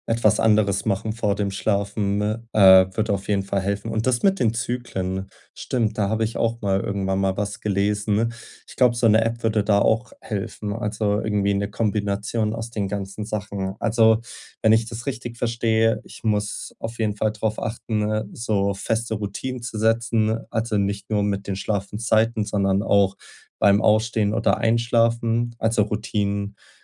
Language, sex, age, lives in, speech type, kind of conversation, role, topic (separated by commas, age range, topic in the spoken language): German, male, 20-24, Germany, conversation, advice, user, Wie kann ich leichter einen regelmäßigen Schlafrhythmus beibehalten?